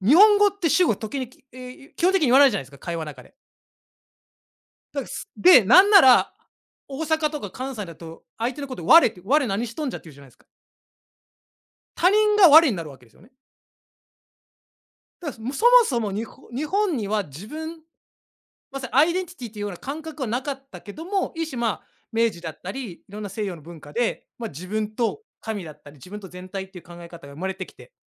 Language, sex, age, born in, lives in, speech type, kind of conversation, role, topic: Japanese, male, 35-39, Japan, Japan, conversation, unstructured, none, 自分にとって大切な価値観は何ですか？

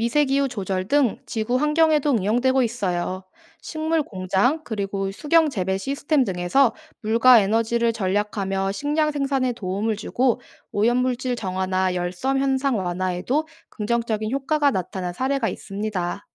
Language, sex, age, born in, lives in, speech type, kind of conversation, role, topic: Korean, female, 25-29, South Korea, South Korea, read, expert-assistant, expert, 만약 태양이 갑자기 다른 종류의 별, 예를 들어 적색왜성이나 백색왜성으로 변한다면 지구와 우리 삶에는 어떤 변화가 일어날까요?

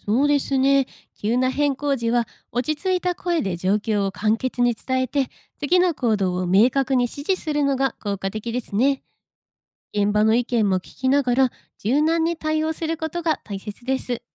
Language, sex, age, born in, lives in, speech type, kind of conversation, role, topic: Japanese, female, 25-29, Japan, Japan, read, expert-assistant, expert, 災害時の対応を「オーケストラの指揮」に例えると、どんな共通点がありますか？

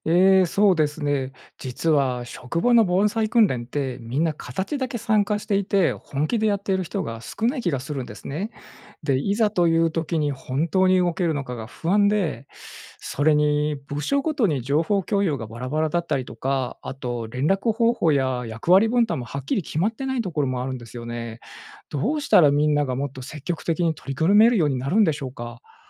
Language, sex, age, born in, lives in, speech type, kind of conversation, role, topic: Japanese, male, 45-49, Japan, Japan, read, expert-assistant, asker, 災害時に家族や同僚と連携をとるために、日ごろからできる工夫はありますか？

